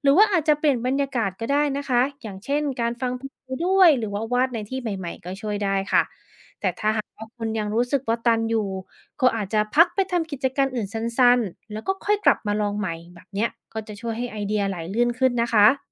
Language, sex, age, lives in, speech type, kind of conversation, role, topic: Thai, female, 35-39, United States, read, expert-assistant, expert, ถ้าเราอยากพัฒนาวิธีจัดการกับความเครียดให้ดีกว่าเดิม มีแนวทางใหม่ๆ อะไรที่น่าสนใจบ้าง?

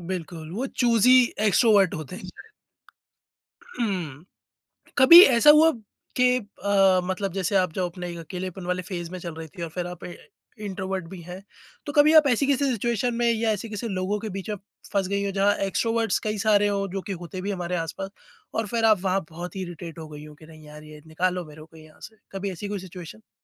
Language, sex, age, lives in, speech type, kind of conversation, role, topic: Hindi, male, 30-34, India, conversation, podcast, host, Akelapan se bahar nikalne mein sabse badi rukawat kya hoti hai?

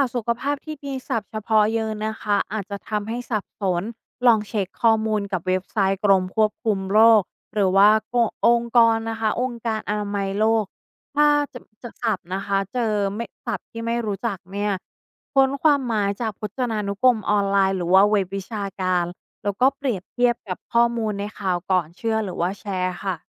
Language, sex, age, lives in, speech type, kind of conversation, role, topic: Thai, female, 35-39, Thailand, read, expert-assistant, expert, เวลาคุณเจอข่าวที่น่าสนใจ คุณมีวิธีเช็กยังไงว่าข่าวนั้นจริงหรือไม่?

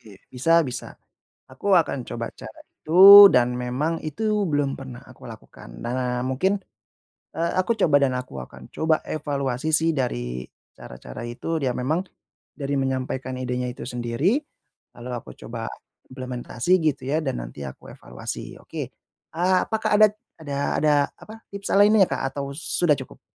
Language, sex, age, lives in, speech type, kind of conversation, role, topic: Indonesian, male, 30-34, Indonesia, conversation, advice, user, Bagaimana cara menyaring ide dari berbagai sumber agar benar-benar berguna?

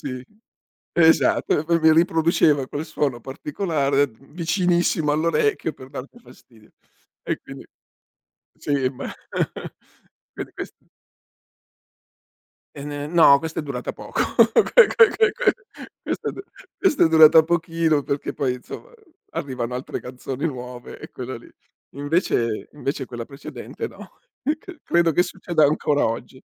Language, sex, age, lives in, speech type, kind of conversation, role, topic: Italian, male, 60-64, Italy, conversation, podcast, guest, Quale canzone ti ricorda una persona speciale?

